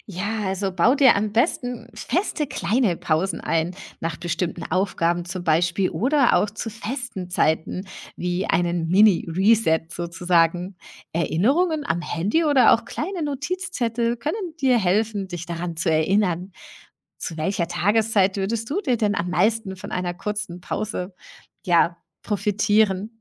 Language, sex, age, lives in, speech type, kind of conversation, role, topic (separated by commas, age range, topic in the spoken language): German, female, 40-44, Germany, read, expert-assistant, expert, Wie erkenne ich, wann mein Körper und Geist eine Pause brauchen?